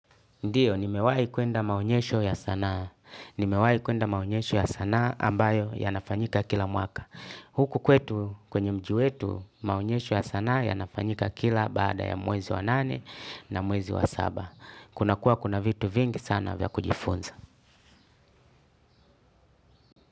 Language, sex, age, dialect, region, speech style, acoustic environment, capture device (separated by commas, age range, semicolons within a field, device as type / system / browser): Swahili, male, 35-44, Tanzania - Dar es Salaam, Tanzania, relaxed, music, desktop / Linux / Chrome